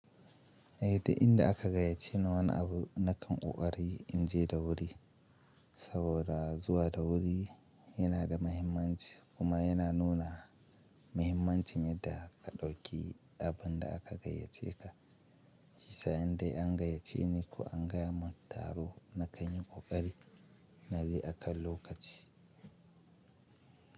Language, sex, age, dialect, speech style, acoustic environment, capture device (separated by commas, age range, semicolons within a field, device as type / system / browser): Hausa, male, 25-34, Nigeria - Kano, focused, silence, mobile / Linux / Chrome